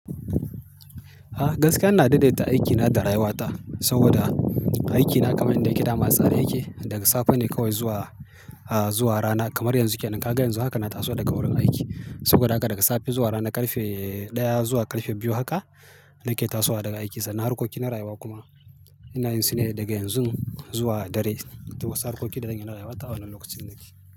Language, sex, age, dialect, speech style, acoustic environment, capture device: Hausa, male, 35-44, Nigeria - Kano, happy; excited; focused, silence, mobile / Linux / Chrome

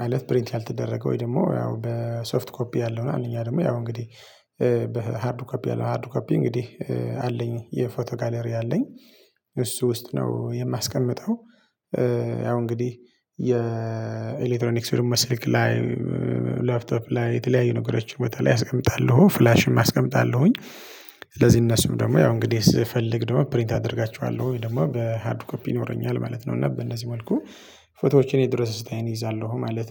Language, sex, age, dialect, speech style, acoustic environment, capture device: Amharic, male, 25-34, Ethiopia - Tigray, relaxed, silence, mobile / Linux / Chrome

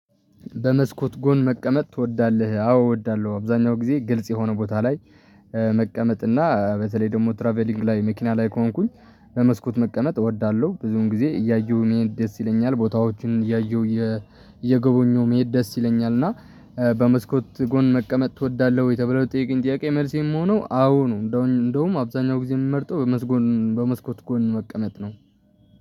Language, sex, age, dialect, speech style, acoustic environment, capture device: Amharic, male, 18-24, Ethiopia - Tigray, relaxed, traffic; people talking, mobile / Linux / Chrome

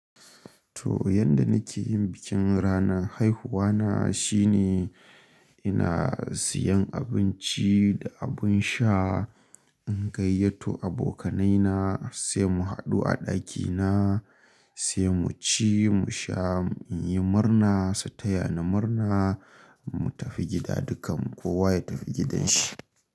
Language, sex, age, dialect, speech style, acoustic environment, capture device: Hausa, male, 25-34, Nigeria - Kano, excited, nature, mobile / Linux / Chrome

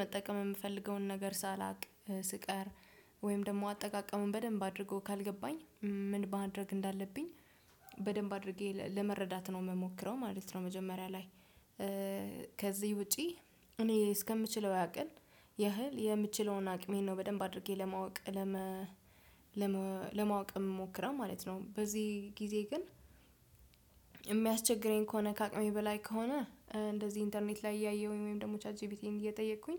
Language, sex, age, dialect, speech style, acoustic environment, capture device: Amharic, female, 25-34, Ethiopia - Addis Ababa, happy, silence, desktop / Windows / Chrome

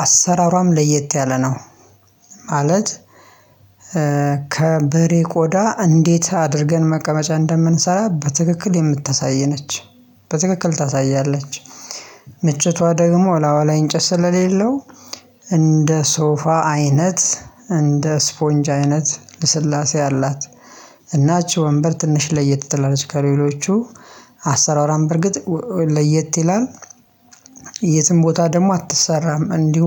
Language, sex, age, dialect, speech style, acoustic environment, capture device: Amharic, male, 25-34, Ethiopia - Addis Ababa, happy, silence, mobile / Linux / Chrome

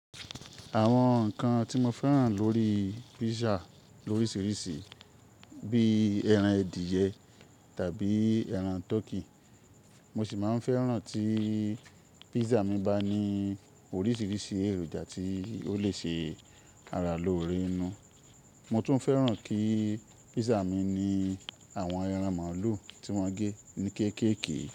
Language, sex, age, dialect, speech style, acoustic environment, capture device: Yoruba, male, 25-34, Nigeria - Ibadan, happy, nature, mobile / Linux / Chrome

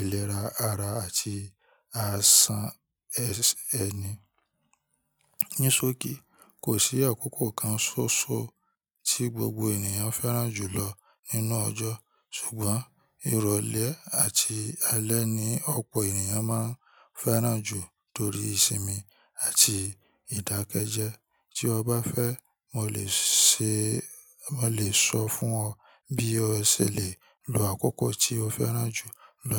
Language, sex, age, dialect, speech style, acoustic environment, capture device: Yoruba, male, 25-34, Nigeria - Ibadan, relaxed, nature, mobile / Linux / Chrome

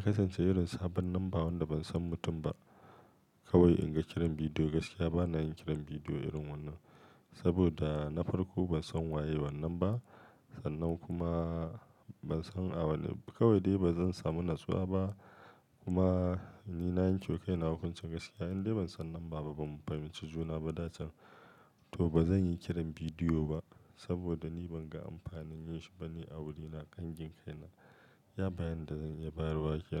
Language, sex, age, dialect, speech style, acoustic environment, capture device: Hausa, male, 35-44, Nigeria - Kano, focused, silence, mobile / Linux / Chrome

